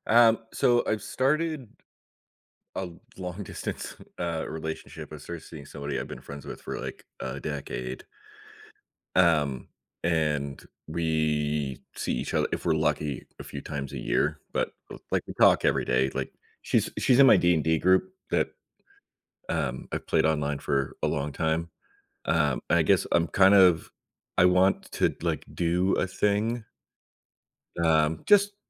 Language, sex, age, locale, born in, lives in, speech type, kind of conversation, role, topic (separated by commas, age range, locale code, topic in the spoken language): English, male, 35-39, en-US, United States, United States, conversation, advice, user, How can I plan a meaningful surprise for my partner?